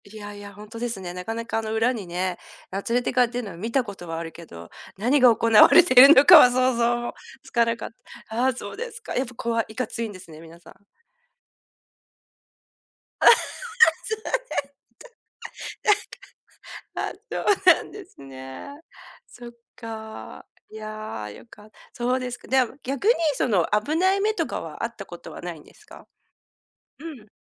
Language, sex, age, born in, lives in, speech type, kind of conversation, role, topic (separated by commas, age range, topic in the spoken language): Japanese, female, 50-54, Japan, Japan, conversation, podcast, host, 旅行中に起きた面白いハプニングを教えてくれますか？